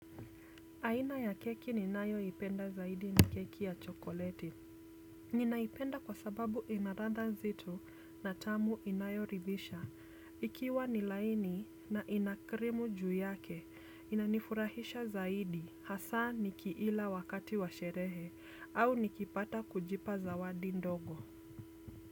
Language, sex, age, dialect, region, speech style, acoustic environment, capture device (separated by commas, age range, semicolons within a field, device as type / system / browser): Swahili, female, 25-34, Kenya - Nairobi Sheng-influenced, Kenya, happy, silence, desktop / Windows / Chrome